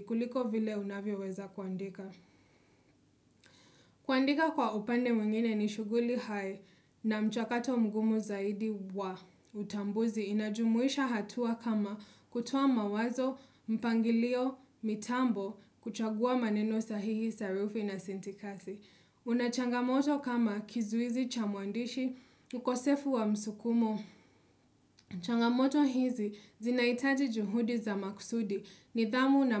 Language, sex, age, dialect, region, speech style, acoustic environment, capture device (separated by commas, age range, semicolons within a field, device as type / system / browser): Swahili, female, 25-34, Kenya - Mombasa, Kenya, happy; relaxed; focused, nature; appliances; silence, desktop / Windows / Chrome